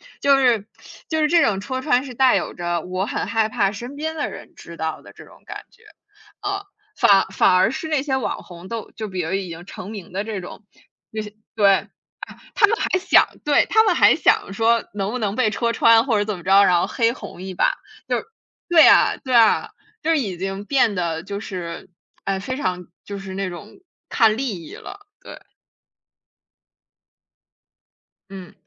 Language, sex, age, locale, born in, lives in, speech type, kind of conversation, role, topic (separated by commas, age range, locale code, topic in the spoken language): Chinese, female, 20-24, zh-CN, United States, United States, conversation, unstructured, none, 你觉得社交媒体会影响你的自我认同吗？